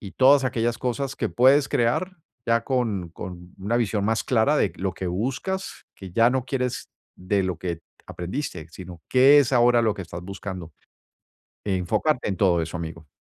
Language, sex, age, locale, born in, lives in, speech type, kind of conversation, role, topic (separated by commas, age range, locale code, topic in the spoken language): Spanish, male, 55-59, es-US, Colombia, United States, conversation, advice, advisor, ¿Cómo puedo encontrar sentido y crecer personalmente después de una ruptura?